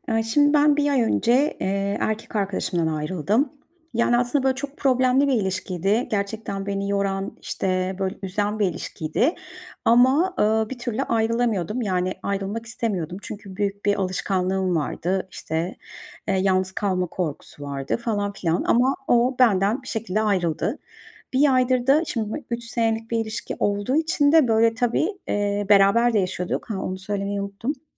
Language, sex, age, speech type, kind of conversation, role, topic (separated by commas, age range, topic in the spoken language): Turkish, female, 40-44, conversation, advice, user, Yeni bir ilişkide kendime iyi bakarken sağlıklı sınırlarımı nasıl belirleyip koruyabilirim?